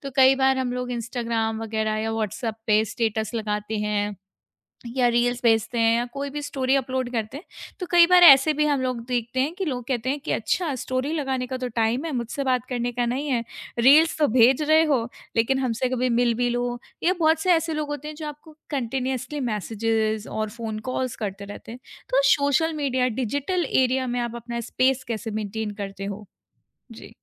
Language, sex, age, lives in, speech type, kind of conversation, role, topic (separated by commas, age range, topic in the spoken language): Hindi, female, 25-29, India, conversation, podcast, host, Aap apna personal space kaise maintain karte hain?